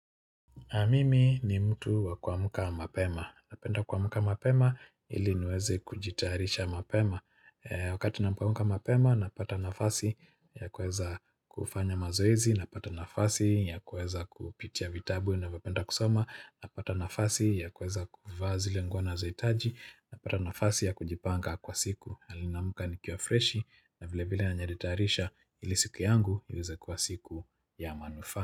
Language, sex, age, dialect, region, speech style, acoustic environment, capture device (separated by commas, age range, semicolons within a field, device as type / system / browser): Swahili, male, 45-54, Kenya - Nairobi Sheng-influenced, Kenya, happy; excited; focused; relaxed, nature; people talking; music, mobile / Linux / Chrome